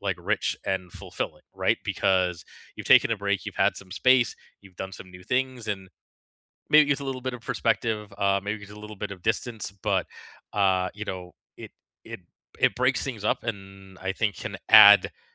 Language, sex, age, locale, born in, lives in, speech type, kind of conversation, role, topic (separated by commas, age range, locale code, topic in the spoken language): English, male, 40-44, en-CA, Canada, Canada, conversation, unstructured, none, Which old tradition have you adapted for today, and how does it bring you closer?